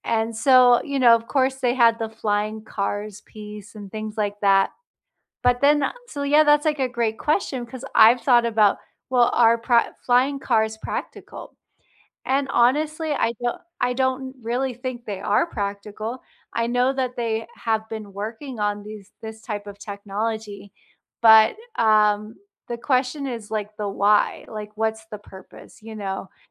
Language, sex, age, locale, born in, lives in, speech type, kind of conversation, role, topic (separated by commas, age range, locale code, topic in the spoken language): English, female, 35-39, en-US, United States, United States, conversation, unstructured, none, What surprises you most about how quickly technology changes?